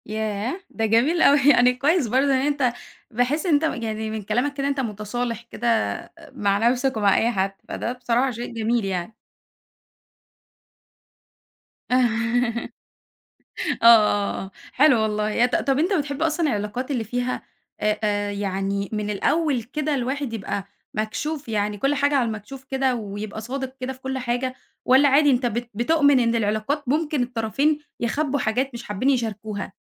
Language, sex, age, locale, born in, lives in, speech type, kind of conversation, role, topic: Arabic, female, 20-24, ar-EG, Egypt, Egypt, conversation, podcast, host, إزاي تبني علاقة ثقة مع حد جديد؟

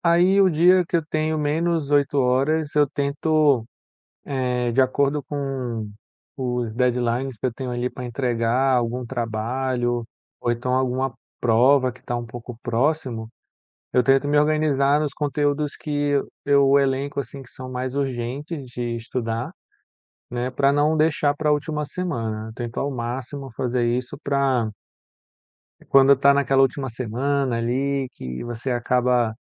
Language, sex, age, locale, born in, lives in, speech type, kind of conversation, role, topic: Portuguese, male, 35-39, pt-BR, Brazil, France, conversation, podcast, guest, Como posso organizar meu tempo para estudar e ainda ter vida?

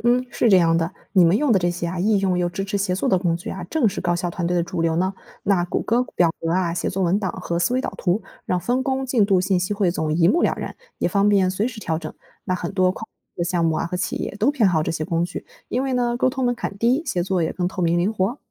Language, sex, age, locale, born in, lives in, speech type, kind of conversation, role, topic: Chinese, female, 25-29, zh-CN, China, Germany, read, expert-assistant, expert, 我发现有些语言表达同一个意思的方法很不一样，有什么好办法可以整理出来一起对比？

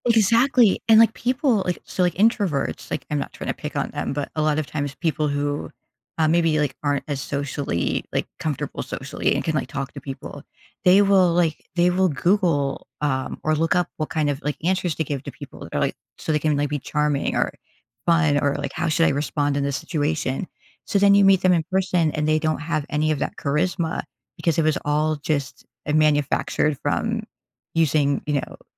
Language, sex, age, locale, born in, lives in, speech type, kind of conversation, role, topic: English, female, 45-49, en-US, United States, United States, conversation, podcast, guest, How has social media changed the way we build and experience friendships?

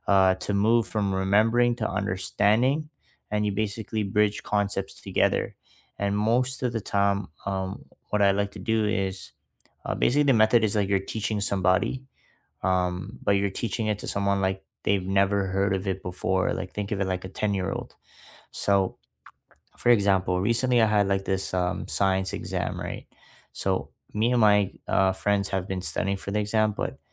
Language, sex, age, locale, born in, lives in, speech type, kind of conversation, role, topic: English, male, 20-24, en-CA, Canada, Canada, conversation, unstructured, none, Which study hacks have truly worked for you, and how did you make them your own?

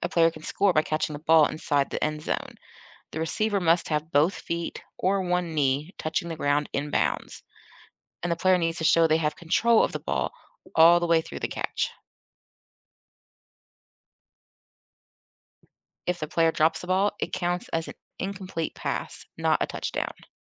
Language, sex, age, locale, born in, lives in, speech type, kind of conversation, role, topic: English, female, 40-44, en-US, United States, United States, read, expert-assistant, expert, Explain how a touchdown is scored in American football.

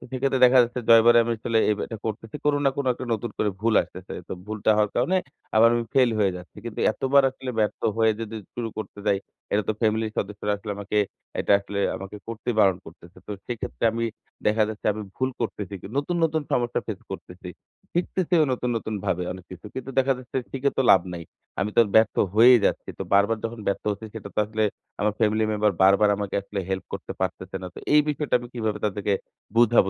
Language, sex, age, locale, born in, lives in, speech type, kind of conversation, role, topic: Bengali, male, 25-29, bn-BD, Bangladesh, Bangladesh, conversation, advice, user, ভুল থেকে শেখা এবং এগিয়ে যাওয়া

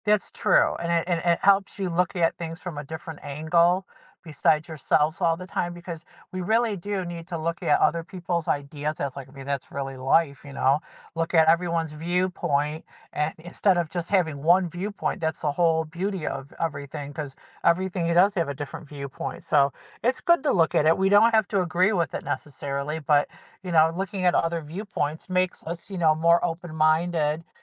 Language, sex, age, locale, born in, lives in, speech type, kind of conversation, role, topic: English, female, 55-59, en-US, United States, United States, conversation, podcast, host, What are some practical ways to manage stress in everyday life?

